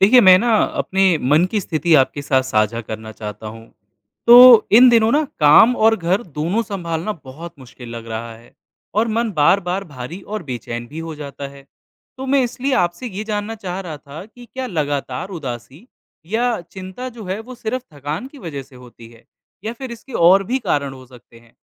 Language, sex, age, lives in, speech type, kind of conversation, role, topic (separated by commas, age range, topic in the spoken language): Hindi, male, 25-29, India, read, expert-assistant, asker, क्या मानसिक स्वास्थ्य के लिए सहायता समूह और घरेलू उपाय कारगर हैं?